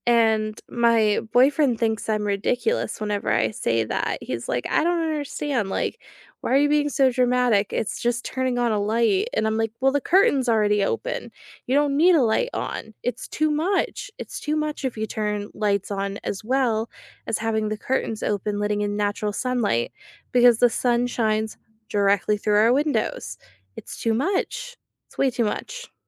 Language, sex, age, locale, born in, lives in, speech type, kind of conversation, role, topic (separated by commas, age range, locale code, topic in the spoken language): English, female, 25-29, en-US, United States, United States, conversation, unstructured, none, What paint color or finish has pleasantly surprised you?